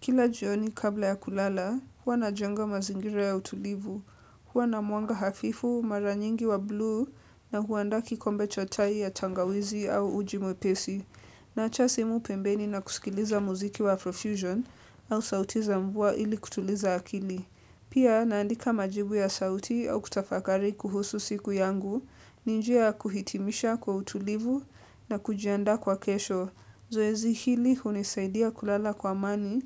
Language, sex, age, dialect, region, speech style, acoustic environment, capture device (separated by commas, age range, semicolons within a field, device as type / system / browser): Swahili, female, 18-24, Kenya - Nairobi Sheng-influenced, Kenya, relaxed, silence, desktop / Windows / Edge